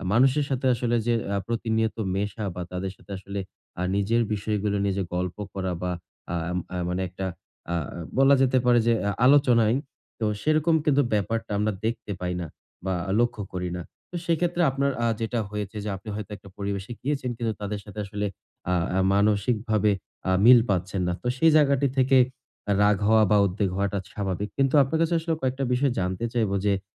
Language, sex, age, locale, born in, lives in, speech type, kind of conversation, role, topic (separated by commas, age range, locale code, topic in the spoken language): Bengali, male, 20-24, bn-BD, Bangladesh, Bangladesh, conversation, advice, advisor, আমি কীভাবে রাগ বা উদ্বেগ নিয়ন্ত্রণ করতে পারি?